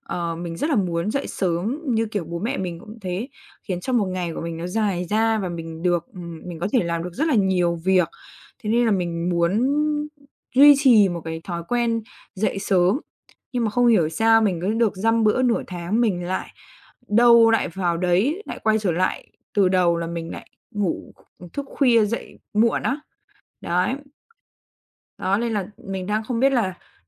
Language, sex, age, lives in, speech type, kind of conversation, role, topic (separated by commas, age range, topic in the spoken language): Vietnamese, female, 20-24, Vietnam, conversation, advice, user, Làm thế nào để dậy sớm đều đặn khi bạn thường xuyên ngủ nướng?